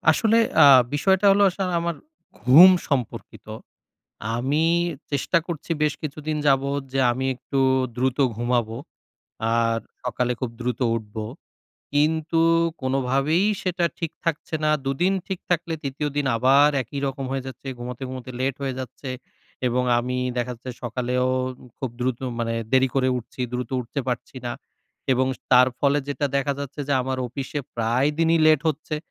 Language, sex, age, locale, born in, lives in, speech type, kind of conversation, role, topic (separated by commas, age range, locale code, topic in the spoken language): Bengali, male, 30-34, bn-BD, Bangladesh, Bangladesh, conversation, advice, user, আমি কীভাবে আমার স্বয়ংক্রিয় প্রতিক্রিয়া চিনতে পারি, যাতে খারাপ অভ্যাস না বাড়ে?